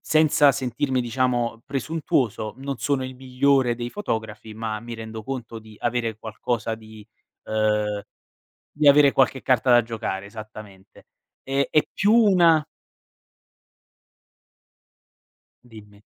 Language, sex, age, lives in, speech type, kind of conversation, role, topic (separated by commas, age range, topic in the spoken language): Italian, male, 25-29, Italy, conversation, podcast, guest, Come scegli tra seguire la passione o la praticità?